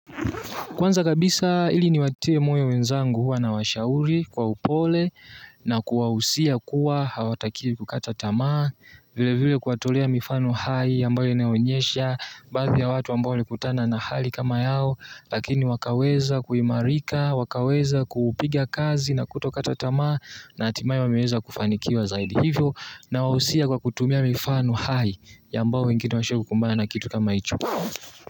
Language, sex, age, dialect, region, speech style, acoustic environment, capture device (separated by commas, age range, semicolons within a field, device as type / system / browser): Swahili, male, 18-24, Tanzania - Dar es Salaam, Tanzania, excited, nature, mobile / Linux / Chrome